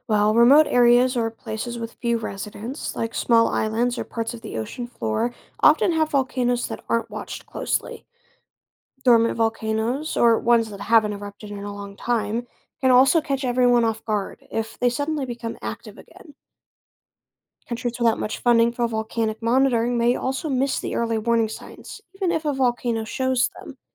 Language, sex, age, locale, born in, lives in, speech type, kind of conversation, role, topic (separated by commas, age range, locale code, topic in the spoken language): English, other, 20-24, en-US, United States, United States, read, expert-assistant, expert, How do volcanoes erupt and how are eruptions predicted?